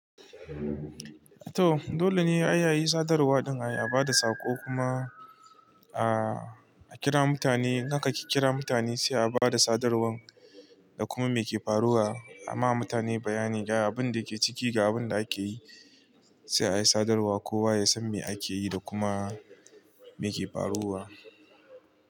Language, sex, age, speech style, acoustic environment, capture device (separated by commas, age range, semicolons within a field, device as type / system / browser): Hausa, male, 25-34, relaxed; focused, nature, mobile / Linux / Chrome